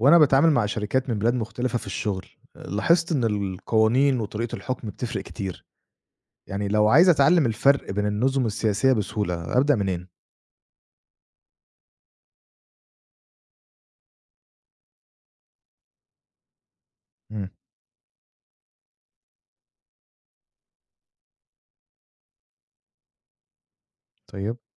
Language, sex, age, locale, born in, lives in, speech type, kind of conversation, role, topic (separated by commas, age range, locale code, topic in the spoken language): Arabic, male, 25-29, ar-EG, Egypt, Egypt, read, expert-assistant, asker, لو عايز أتعلم أفرق بين النظم السياسية في العالم، أبدأ منين وبأي طريقة؟